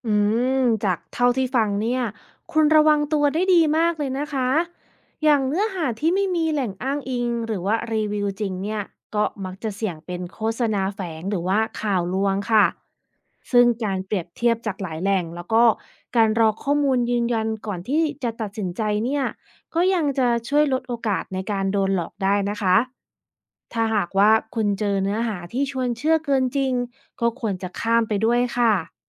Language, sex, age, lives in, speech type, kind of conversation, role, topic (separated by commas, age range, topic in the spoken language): Thai, female, 35-39, United States, read, expert-assistant, expert, เวลาหาเรื่องราวเกี่ยวกับนวัตกรรมเกษตร เราจะดูได้ยังไงว่าแหล่งไหนน่าเชื่อถือและให้ข้อมูลครบ?